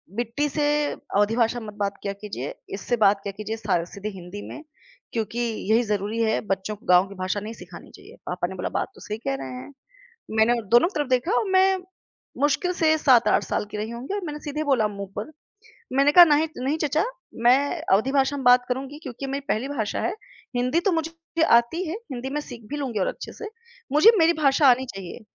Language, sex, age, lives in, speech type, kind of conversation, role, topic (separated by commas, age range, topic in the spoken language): Hindi, female, 30-34, India, conversation, podcast, guest, आपकी भाषा ने आपकी पहचान को कैसे आकार दिया है?